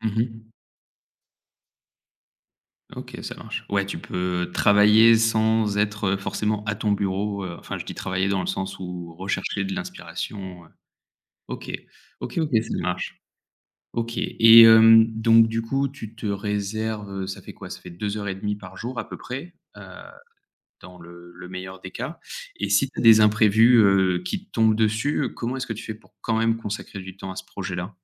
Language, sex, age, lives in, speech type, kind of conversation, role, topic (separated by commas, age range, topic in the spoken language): French, male, 30-34, France, conversation, podcast, host, Comment trouves-tu du temps pour ton projet ?